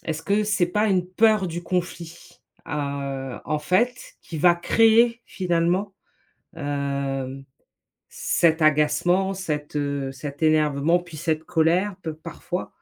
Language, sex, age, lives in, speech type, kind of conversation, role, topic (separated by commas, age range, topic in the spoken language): French, female, 45-49, United States, conversation, advice, advisor, Comment faites-vous pour éviter les conflits malgré des ressentis très forts ?